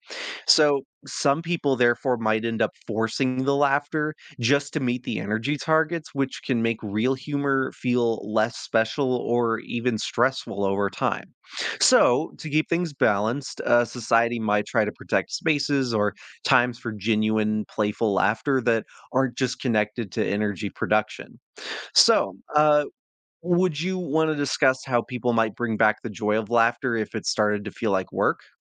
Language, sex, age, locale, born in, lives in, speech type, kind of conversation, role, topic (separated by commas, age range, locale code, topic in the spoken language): English, male, 20-24, en-US, United States, United States, read, expert-assistant, expert, Imagine if laughter could generate electricity—how would people use humor differently?